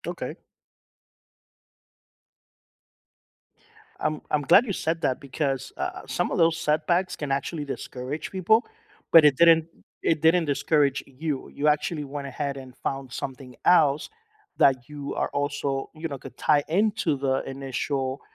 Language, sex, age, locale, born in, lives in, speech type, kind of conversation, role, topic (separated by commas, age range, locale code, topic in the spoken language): English, male, 45-49, en-US, United States, United States, conversation, podcast, host, In what ways can creativity show up in everyday life, even for those who don’t see themselves as creative?